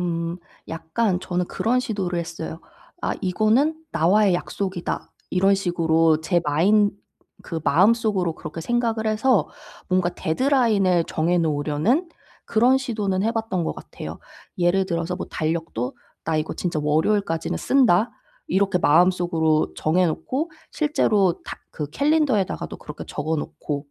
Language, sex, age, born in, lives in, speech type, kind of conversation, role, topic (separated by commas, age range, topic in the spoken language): Korean, female, 30-34, South Korea, South Korea, conversation, advice, user, 부정적 습관을 새로운 행동으로 바꾸는 방법은 무엇인가요?